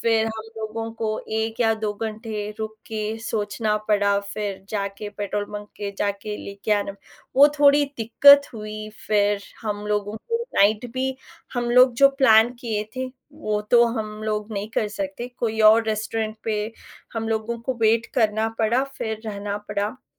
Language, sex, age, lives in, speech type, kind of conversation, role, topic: Hindi, female, 30-34, India, conversation, unstructured, none, आपको यात्रा के दौरान सबसे ज्यादा कौन-सी समस्या होती है?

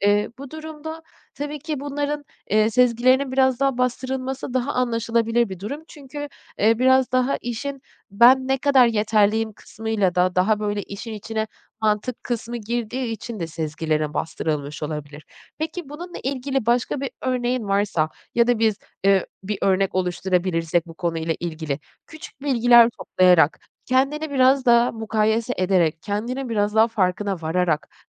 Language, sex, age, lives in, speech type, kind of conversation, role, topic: Turkish, female, 40-44, Netherlands, conversation, advice, advisor, Önemli bir karar verirken sezgilerime ve değerlerime nasıl güvenebilirim?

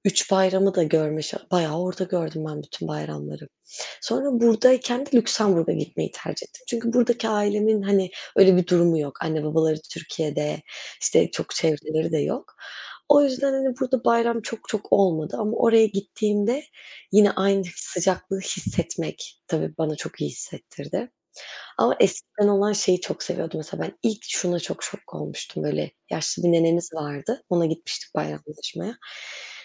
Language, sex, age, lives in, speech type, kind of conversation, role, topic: Turkish, female, 25-29, Netherlands, conversation, unstructured, none, Bayramlar senin için ne ifade ediyor?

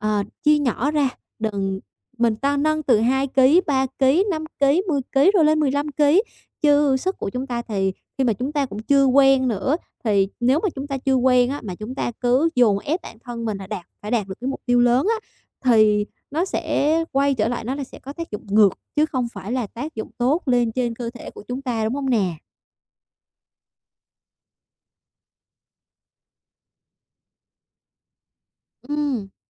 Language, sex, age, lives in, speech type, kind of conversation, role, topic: Vietnamese, female, 25-29, Vietnam, conversation, advice, advisor, Làm sao để tự tin hơn khi bắt đầu tập luyện?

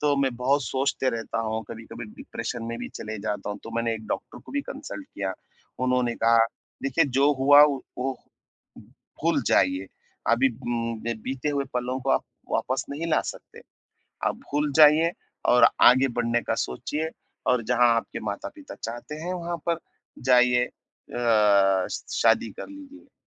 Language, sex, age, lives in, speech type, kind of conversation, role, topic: Hindi, male, 30-34, India, conversation, advice, user, मैं दिल के दुख और खोई हुई आशाओं से कैसे उबर सकता/सकती हूँ?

